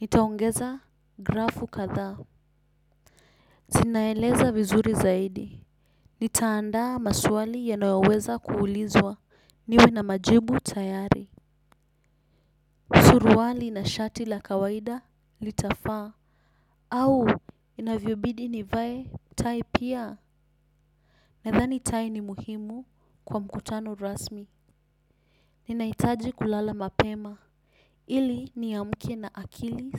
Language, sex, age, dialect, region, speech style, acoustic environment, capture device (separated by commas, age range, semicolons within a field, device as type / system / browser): Swahili, female, 18-24, Kenya - Nairobi Sheng-influenced, Kenya, relaxed, silence; nature, desktop / Windows / Chrome